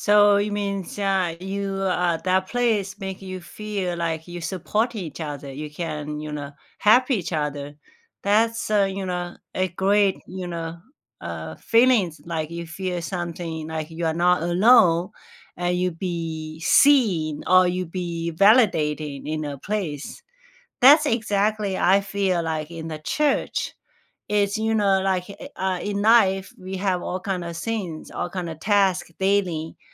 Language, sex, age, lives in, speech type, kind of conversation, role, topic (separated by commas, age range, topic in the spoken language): English, female, 45-49, United States, conversation, unstructured, none, Where do you feel most at home, and what personal touches and memories make it special to you?